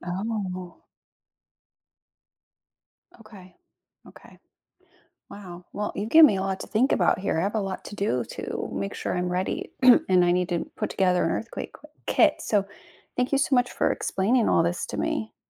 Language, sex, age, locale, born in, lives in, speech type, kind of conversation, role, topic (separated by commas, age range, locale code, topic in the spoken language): English, female, 40-44, en-US, United States, United States, read, expert-assistant, asker, What causes earthquakes to happen?